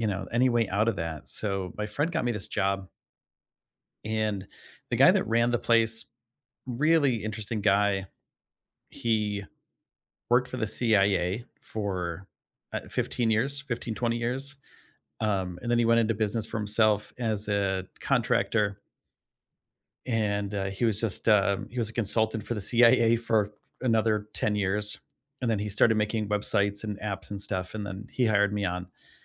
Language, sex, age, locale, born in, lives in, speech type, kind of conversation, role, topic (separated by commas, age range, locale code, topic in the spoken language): English, male, 45-49, en-US, United States, United States, conversation, unstructured, none, Who is a mentor who shaped your career, and how did they help you?